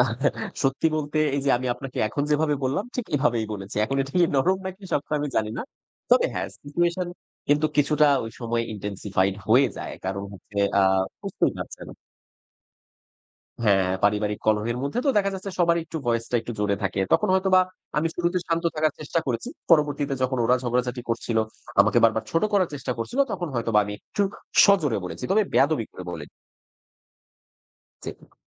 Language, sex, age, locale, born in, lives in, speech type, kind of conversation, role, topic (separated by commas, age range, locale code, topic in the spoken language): Bengali, male, 30-34, bn-BD, Bangladesh, Bangladesh, conversation, podcast, guest, কখন ‘না’ বলা উচিত, আর কখন মেনে নেওয়া—এ নিয়ে আপনার মত কী?